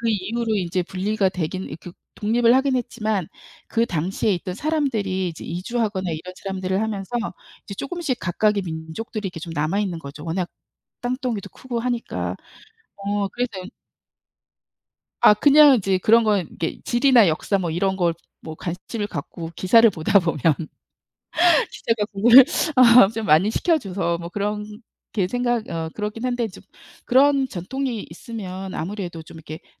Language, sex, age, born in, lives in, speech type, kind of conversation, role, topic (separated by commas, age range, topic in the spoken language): Korean, female, 55-59, South Korea, United States, conversation, unstructured, none, 운동선수들의 약물 복용 문제를 어떻게 바라보시나요?